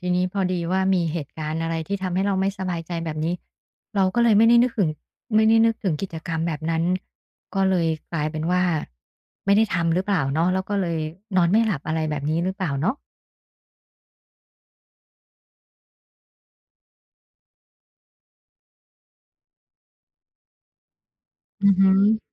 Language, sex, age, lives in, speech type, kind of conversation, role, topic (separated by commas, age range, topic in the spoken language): Thai, female, 50-54, Thailand, conversation, advice, advisor, คุณเครียดจนไม่สามารถผ่อนคลายก่อนนอนได้อย่างไร?